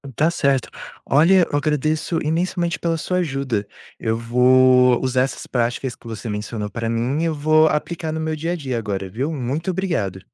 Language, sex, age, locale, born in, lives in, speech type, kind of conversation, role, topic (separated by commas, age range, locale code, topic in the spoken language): Portuguese, male, 20-24, pt-BR, Brazil, United States, read, expert-assistant, asker, Como estudar arte visual sozinho e não se perder nas referências?